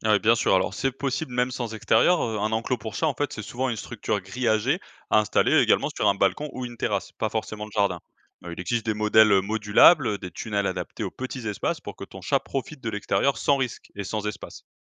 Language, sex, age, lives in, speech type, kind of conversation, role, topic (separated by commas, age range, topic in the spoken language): French, male, 25-29, France, read, expert-assistant, expert, Faut-il laisser son chat sortir dehors en toute sécurité ?